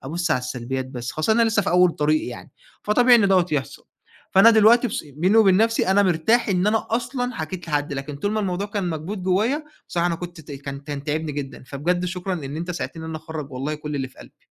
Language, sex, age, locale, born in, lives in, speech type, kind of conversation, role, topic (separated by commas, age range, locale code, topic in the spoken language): Arabic, male, 20-24, ar-EG, Egypt, Egypt, conversation, advice, user, إزاي أرجّع ثقتي في نفسي بعد غلطة كبيرة في الشغل وبقيت خايف أقدّم تاني؟